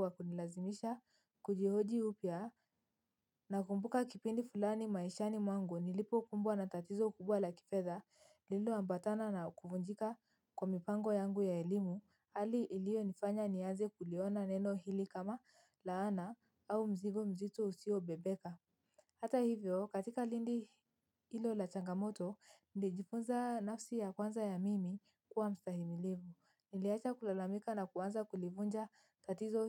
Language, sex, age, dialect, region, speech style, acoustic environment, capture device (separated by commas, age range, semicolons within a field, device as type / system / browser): Swahili, female, 18-24, Kenya - Mombasa, Kenya, happy; anxious, silence, mobile / Linux / Chrome